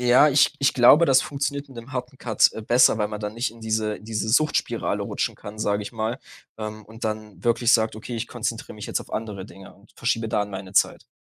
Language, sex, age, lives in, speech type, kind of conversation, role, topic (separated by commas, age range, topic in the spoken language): German, male, 20-24, Germany, conversation, advice, user, Wie möchtest du deine Bildschirmzeit nach Feierabend deutlich reduzieren?